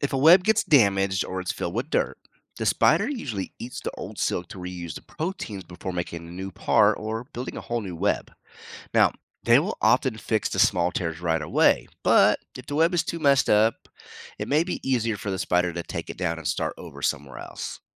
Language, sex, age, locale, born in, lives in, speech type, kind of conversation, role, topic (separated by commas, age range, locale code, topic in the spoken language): English, male, 45-49, en-US, United States, United States, read, expert-assistant, expert, How do spiders build and use their webs?